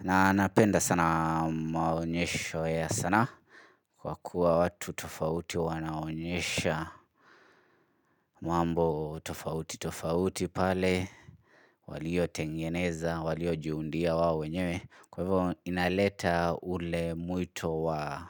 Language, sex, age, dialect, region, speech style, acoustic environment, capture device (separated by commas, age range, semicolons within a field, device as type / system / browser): Swahili, male, 25-34, Kenya - Nairobi Sheng-influenced, Kenya, relaxed; focused, silence, mobile / Linux / Chrome